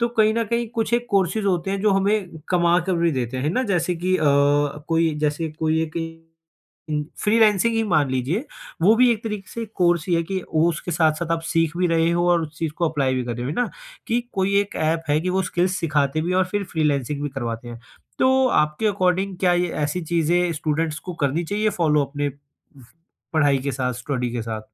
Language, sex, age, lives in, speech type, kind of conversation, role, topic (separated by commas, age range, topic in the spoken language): Hindi, male, 20-24, India, conversation, podcast, host, Online courses se tumne kya practical faayda dekha?